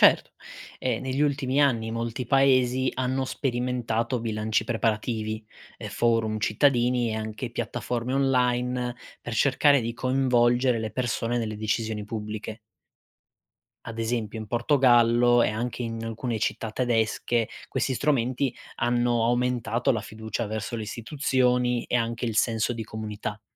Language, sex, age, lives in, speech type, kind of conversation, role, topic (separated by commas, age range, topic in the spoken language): Italian, male, 25-29, Italy, read, expert-assistant, expert, Quando si parla di 'astensionismo elettorale', che cosa si intende e quali motivi possono portare a non votare?